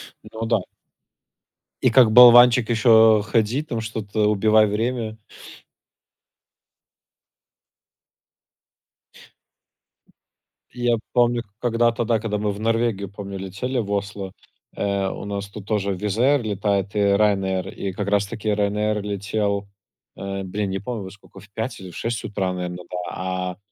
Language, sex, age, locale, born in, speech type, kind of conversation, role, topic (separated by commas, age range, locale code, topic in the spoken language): Russian, male, 25-29, ru-RU, Belarus, conversation, unstructured, none, Что тебя больше всего пугает в путешествиях?